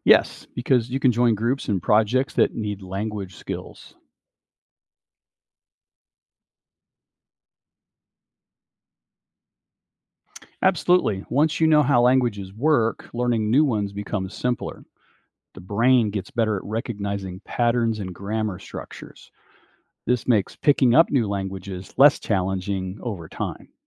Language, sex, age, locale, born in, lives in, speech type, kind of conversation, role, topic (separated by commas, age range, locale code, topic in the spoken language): English, male, 60-64, en-US, United States, United States, read, expert-assistant, expert, What are the benefits of learning a second language?